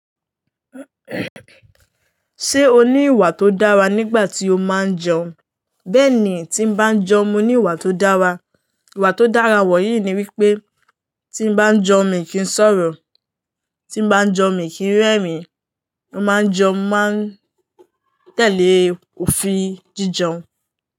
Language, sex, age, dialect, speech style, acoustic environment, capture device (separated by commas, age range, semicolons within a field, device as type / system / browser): Yoruba, female, 18-24, Nigeria - Lagos Yoruba, happy, nature, mobile / Linux / Chrome